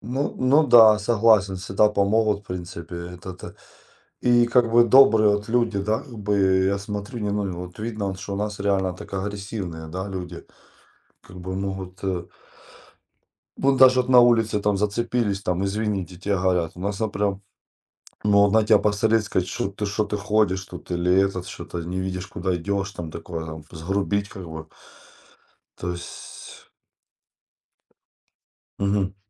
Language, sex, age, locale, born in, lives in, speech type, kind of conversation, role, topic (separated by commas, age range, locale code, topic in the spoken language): Russian, male, 40-44, ru-RU, Ukraine, Spain, conversation, unstructured, none, Как местная культура и кухня влияют на ваш выбор курорта?